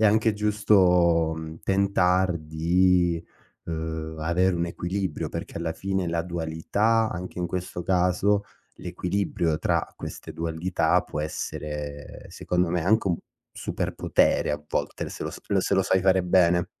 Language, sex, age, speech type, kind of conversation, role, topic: Italian, male, 25-29, conversation, podcast, host, Quando hai smesso di cercare la perfezione e perché?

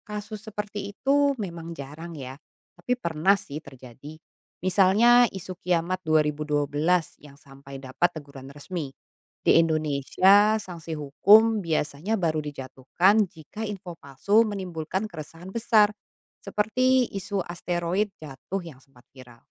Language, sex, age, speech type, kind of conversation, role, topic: Indonesian, female, 35-39, read, expert-assistant, expert, Bagaimana cara membedakan informasi populer tentang planet luar angkasa dengan hasil penelitian asli para ilmuwan?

